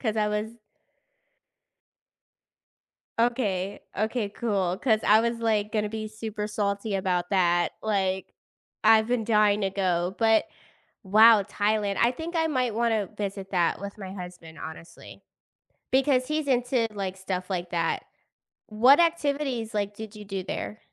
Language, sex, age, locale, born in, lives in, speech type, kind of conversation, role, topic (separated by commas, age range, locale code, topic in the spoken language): English, female, 30-34, en-US, United States, United States, conversation, podcast, host, How can travel to a special place shape your outlook and experiences in life?